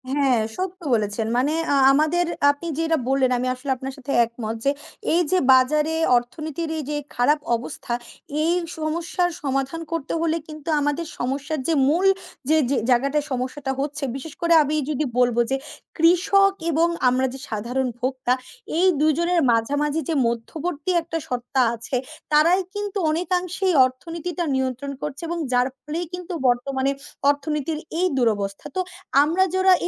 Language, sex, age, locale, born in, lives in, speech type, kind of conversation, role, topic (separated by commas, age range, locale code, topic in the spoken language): Bengali, female, 20-24, bn-BD, Bangladesh, Bangladesh, conversation, unstructured, none, বর্তমান অর্থনৈতিক পরিস্থিতি আপনার জীবনে কী প্রভাব ফেলেছে?